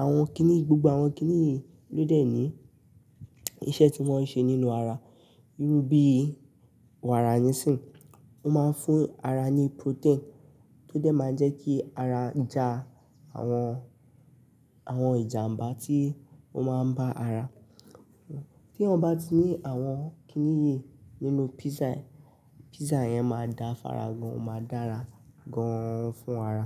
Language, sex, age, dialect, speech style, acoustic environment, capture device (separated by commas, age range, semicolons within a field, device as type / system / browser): Yoruba, male, 18-24, Nigeria - Nigerian Standard, stressed; tired, people talking; nature, mobile / Linux / Chrome